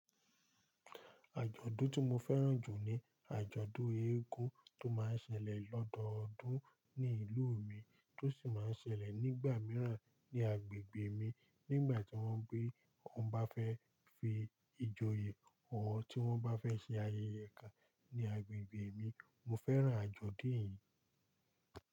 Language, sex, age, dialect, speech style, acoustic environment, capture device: Yoruba, male, 18-24, Nigeria - Lagos Yoruba, focused, silence, mobile / Linux / Chrome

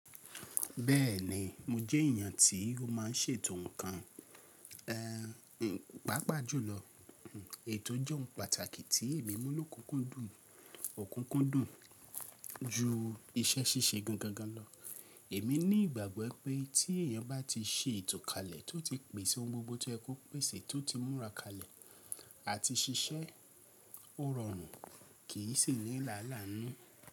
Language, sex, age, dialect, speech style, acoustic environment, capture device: Yoruba, male, 25-34, Nigeria - Lagos Yoruba, stressed, nature, mobile / Linux / Chrome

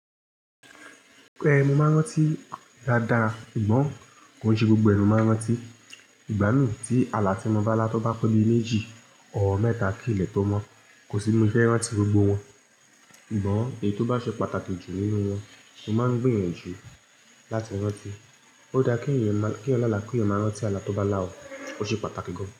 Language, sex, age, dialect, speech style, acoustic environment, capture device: Yoruba, female, 25-34, Nigeria - Ibadan, happy; relaxed; excited; focused, silence; nature, mobile / Linux / Chrome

